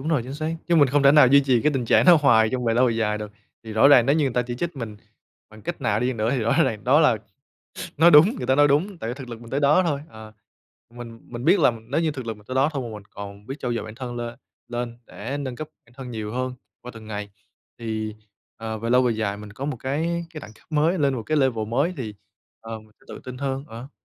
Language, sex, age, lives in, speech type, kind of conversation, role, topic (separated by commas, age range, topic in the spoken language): Vietnamese, male, 25-29, Vietnam, conversation, podcast, guest, Bạn đối mặt với lời chê như thế nào khi đổi phong cách?